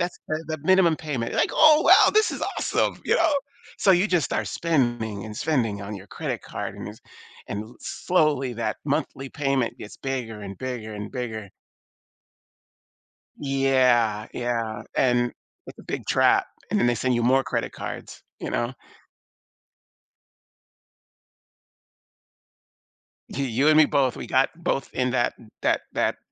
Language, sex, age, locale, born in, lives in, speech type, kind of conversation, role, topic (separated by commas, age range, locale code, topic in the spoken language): English, male, 55-59, en-US, United States, United States, conversation, podcast, guest, What are some habits that can lead to financial problems, and how can people avoid them?